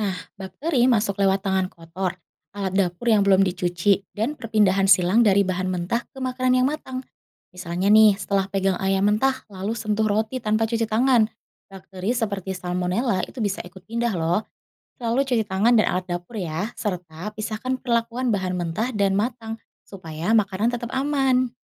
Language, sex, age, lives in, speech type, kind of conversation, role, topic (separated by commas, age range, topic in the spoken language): Indonesian, female, 30-34, Indonesia, read, expert-assistant, expert, Kalau keamanan pangan itu diibaratkan seperti menjaga rumah, apa saja 'pintu' yang harus dijaga agar bakteri tidak masuk ke makanan kita?